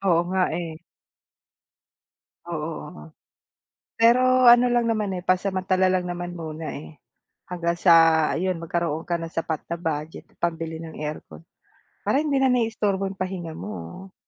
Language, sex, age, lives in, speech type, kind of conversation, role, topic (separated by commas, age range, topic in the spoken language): Filipino, female, 45-49, Philippines, conversation, advice, advisor, Paano ko mababawasan ang mga istorbo kapag nagpapahinga ako?